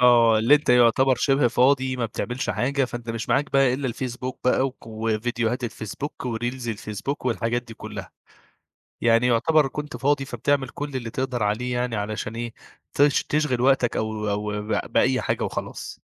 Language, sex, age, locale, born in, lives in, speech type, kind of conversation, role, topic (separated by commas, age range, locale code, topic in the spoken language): Arabic, male, 25-29, ar-EG, Egypt, Egypt, conversation, podcast, host, إزاي بتوازن بين حياتك الحقيقية ووجودك على الإنترنت؟